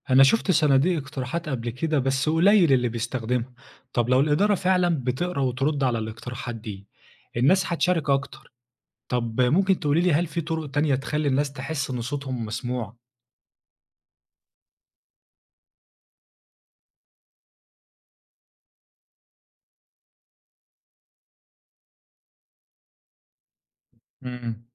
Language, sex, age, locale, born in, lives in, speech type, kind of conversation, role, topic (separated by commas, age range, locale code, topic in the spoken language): Arabic, male, 25-29, ar-EG, Egypt, Egypt, read, expert-assistant, asker, لو كنت مدير مستشفى، إيه الأفكار الجديدة اللي ممكن تطبقها عشان تحسن الخدمة وتنظم الشغل؟